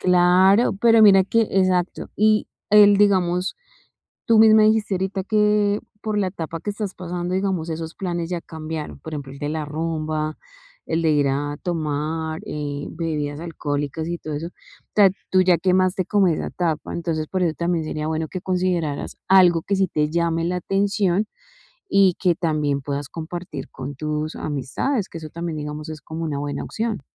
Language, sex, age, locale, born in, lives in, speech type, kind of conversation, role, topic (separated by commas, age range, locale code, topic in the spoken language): Spanish, female, 40-44, es-CO, Colombia, Spain, conversation, advice, advisor, ¿Cómo puedo decir que no a planes sociales sin afectar mis amistades cercanas?